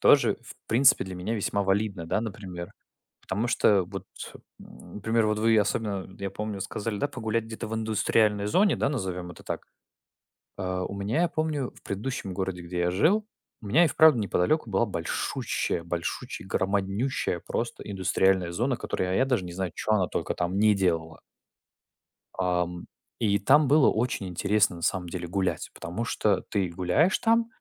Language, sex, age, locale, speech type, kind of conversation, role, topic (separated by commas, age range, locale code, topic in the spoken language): Russian, male, 20-24, ru-RU, conversation, unstructured, none, Как ты предпочитаешь проводить выходные?